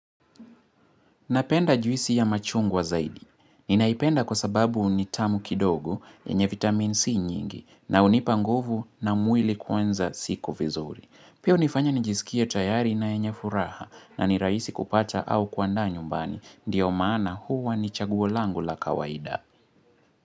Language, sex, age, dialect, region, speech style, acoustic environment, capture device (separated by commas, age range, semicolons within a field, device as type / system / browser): Swahili, male, 18-24, Kenya - Nairobi Sheng-influenced, Kenya, happy; relaxed, nature, mobile / Linux / Chrome